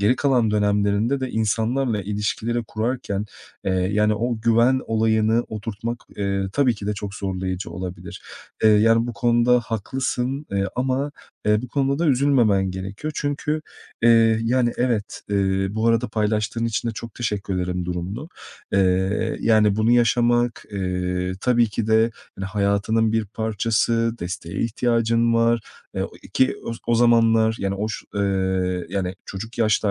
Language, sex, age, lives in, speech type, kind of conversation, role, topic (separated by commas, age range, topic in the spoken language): Turkish, male, 30-34, Portugal, conversation, advice, advisor, Güven eksikliği ve yeni başlangıç kaygısıyla kariyerimde doğru zamanda adım atıyor muyum?